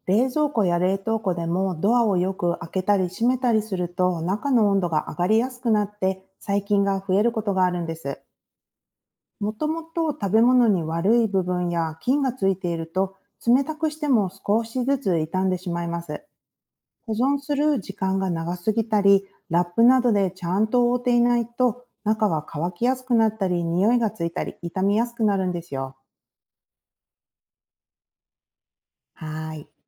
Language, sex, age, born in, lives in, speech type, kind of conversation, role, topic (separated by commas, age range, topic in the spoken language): Japanese, female, 40-44, Japan, United States, read, expert-assistant, expert, どうして冷蔵庫の中の食べ物は腐りにくいのか？